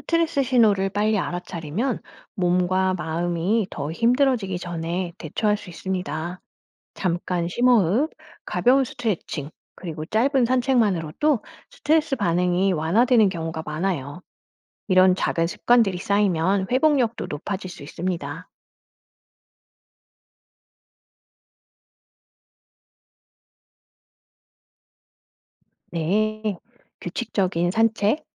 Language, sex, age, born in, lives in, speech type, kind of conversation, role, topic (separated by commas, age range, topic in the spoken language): Korean, female, 45-49, South Korea, France, read, expert-assistant, expert, 왜 우리는 스트레스를 받으면 몸에서 다양한 반응이 나타날까요?